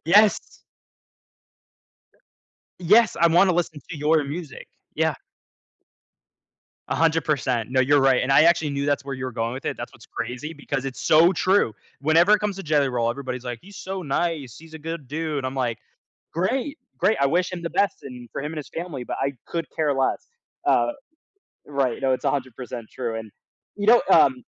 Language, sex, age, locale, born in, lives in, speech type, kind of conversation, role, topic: English, male, 20-24, en-US, United States, United States, conversation, podcast, guest, How can a song shape your memories or life experiences?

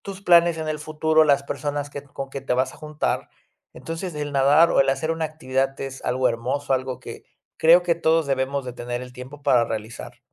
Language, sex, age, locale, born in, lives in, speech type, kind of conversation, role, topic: Spanish, male, 30-34, es-MX, Mexico, Mexico, conversation, podcast, guest, ¿Qué actividad te hace perder la noción del tiempo?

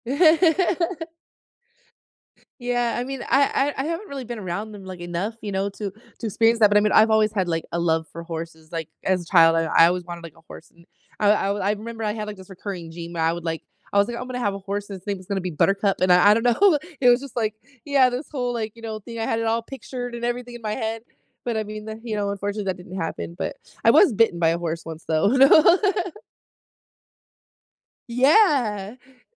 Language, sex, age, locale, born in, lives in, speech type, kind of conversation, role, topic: English, female, 35-39, en-US, United States, United States, conversation, unstructured, none, What are some ways people find comfort and unwind after a busy day?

